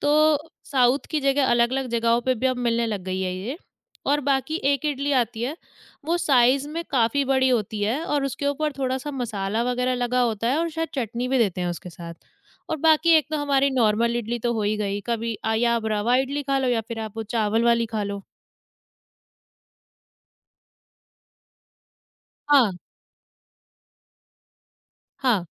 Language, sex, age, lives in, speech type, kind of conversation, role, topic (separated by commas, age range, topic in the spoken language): Hindi, female, 20-24, India, conversation, podcast, guest, खान-पान में अलग संस्कृति का असर आपने कैसे देखा है?